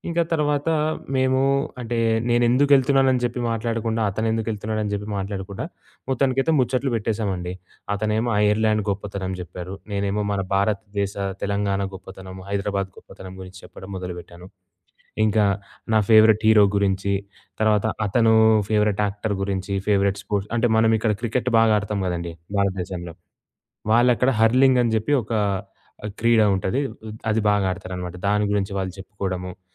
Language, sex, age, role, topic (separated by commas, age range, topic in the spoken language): Telugu, male, 20-24, guest, ప్రయాణంలో అకస్మాత్తుగా స్నేహం ఎలా మొదలైంది?